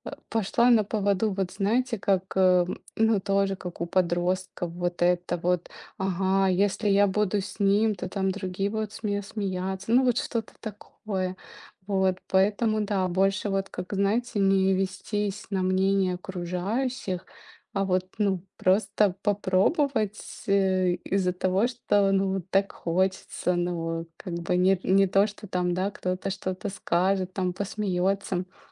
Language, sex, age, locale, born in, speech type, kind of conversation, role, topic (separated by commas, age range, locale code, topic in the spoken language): Russian, female, 35-39, ru-RU, Ukraine, conversation, unstructured, none, Какой жизненный совет ты бы дал(а) своему младшему «я»?